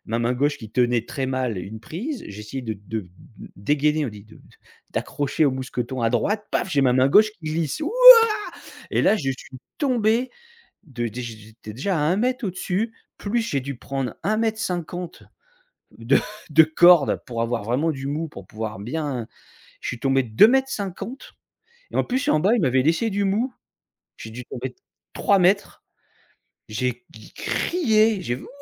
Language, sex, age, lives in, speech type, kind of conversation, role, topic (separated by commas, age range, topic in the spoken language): French, male, 45-49, France, conversation, podcast, guest, Parle-moi d’un loisir qui te fait vraiment plaisir en ce moment ?